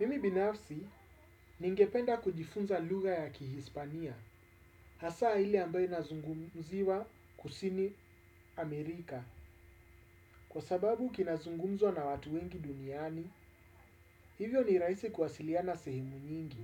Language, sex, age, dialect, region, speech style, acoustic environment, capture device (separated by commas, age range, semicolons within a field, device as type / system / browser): Swahili, male, 35-44, Kenya - Nairobi Sheng-influenced, Kenya, focused, appliances, desktop / Windows / Chrome